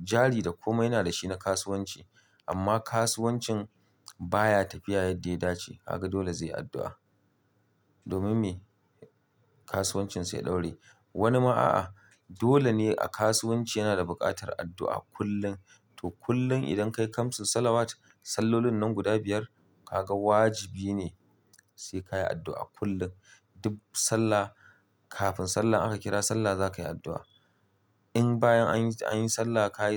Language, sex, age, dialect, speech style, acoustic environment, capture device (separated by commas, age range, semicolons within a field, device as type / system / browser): Hausa, male, 35-44, Nigeria - Kano, happy; relaxed; excited; focused, nature; silence; appliances, mobile / Linux / Chrome